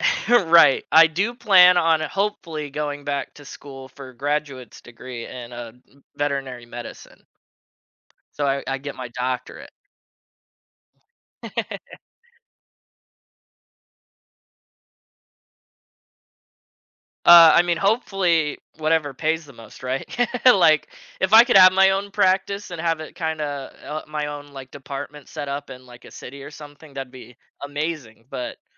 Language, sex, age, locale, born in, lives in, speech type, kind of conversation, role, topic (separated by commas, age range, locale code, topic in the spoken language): English, male, 20-24, en-US, United States, United States, conversation, advice, user, How can I celebrate my recent achievement and plan what comes next?